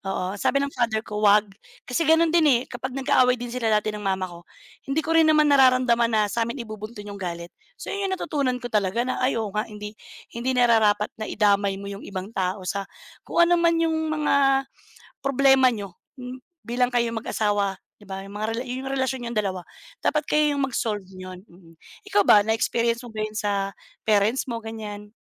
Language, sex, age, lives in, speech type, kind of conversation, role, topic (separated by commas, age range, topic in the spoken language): Filipino, female, 35-39, Philippines, conversation, unstructured, none, Ano ang pinakamahalagang aral na natutunan mo mula sa iyong pamilya?